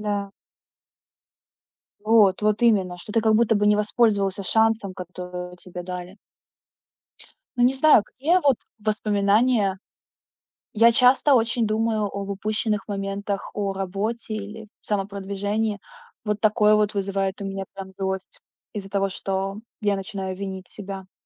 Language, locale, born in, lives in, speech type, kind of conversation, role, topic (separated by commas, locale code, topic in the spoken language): Russian, ru-RU, Ukraine, Estonia, conversation, unstructured, none, Почему иногда воспоминания вызывают у тебя злость?